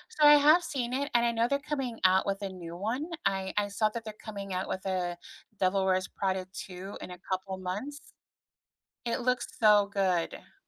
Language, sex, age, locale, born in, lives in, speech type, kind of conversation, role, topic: English, female, 40-44, en-US, United States, United States, conversation, unstructured, none, What movie do you rewatch first when you need comfort?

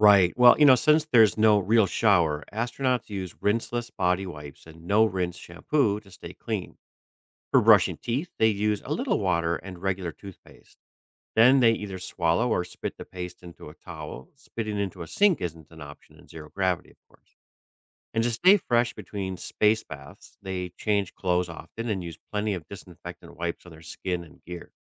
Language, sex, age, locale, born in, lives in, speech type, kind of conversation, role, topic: English, male, 45-49, en-US, United States, United States, read, expert-assistant, expert, How do astronauts live and work in space?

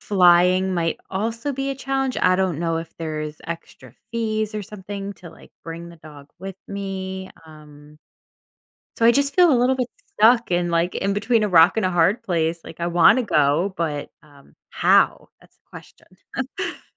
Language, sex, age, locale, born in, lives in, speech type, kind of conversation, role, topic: English, female, 30-34, en-US, United States, United States, conversation, advice, user, How can I show my family I appreciate their support?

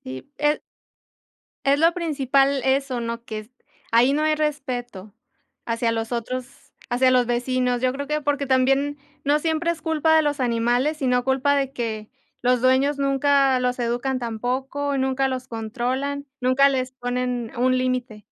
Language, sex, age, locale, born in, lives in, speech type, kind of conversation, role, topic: Spanish, female, 25-29, es-MX, Mexico, Mexico, conversation, unstructured, none, ¿Te preocuparía que un animal de tu vecindario fuera agresivo?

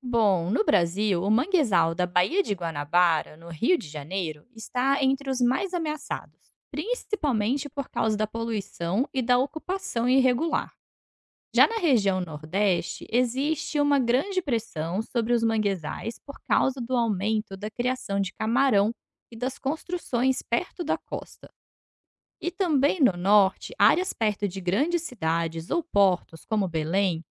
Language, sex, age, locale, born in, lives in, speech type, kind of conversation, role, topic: Portuguese, female, 30-34, pt-BR, Brazil, Portugal, read, expert-assistant, expert, Por que a preservação dos manguezais é fundamental?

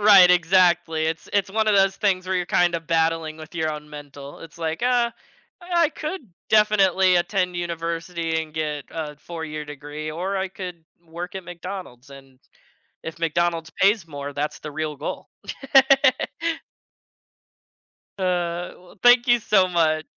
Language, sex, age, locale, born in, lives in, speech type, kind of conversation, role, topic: English, male, 20-24, en-US, United States, United States, conversation, podcast, guest, How do your personal values shape your idea of career fulfillment?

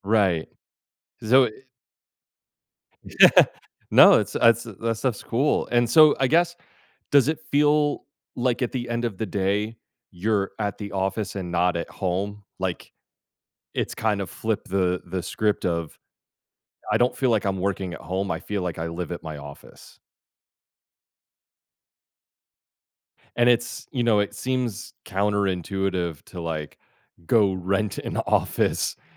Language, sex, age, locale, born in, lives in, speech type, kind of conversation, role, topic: English, male, 40-44, en-US, United States, United States, conversation, advice, advisor, How can I make daily routines more joyful?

